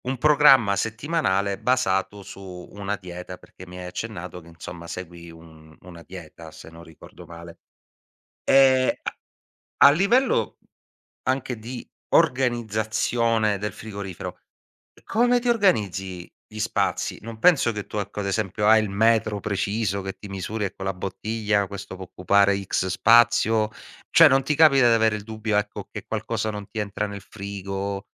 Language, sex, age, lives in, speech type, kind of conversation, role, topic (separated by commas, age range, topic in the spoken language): Italian, male, 40-44, Italy, conversation, podcast, host, Come organizzi il frigo per evitare sprechi?